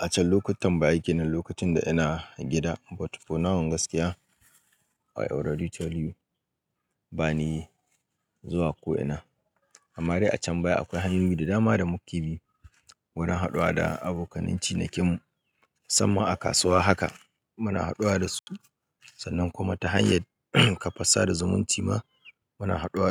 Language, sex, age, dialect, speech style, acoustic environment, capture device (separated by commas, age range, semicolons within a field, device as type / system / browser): Hausa, male, 25-34, Nigeria - Kano, happy, people talking, mobile / Linux / Chrome